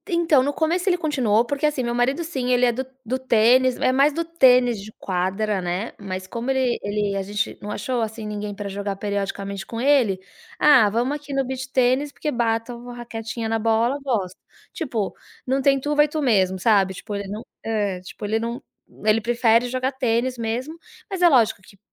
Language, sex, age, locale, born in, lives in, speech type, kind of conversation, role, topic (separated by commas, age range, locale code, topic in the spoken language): Portuguese, female, 40-44, pt-BR, Brazil, United States, conversation, advice, user, Em que situações você finge gostar de atividades só para se encaixar?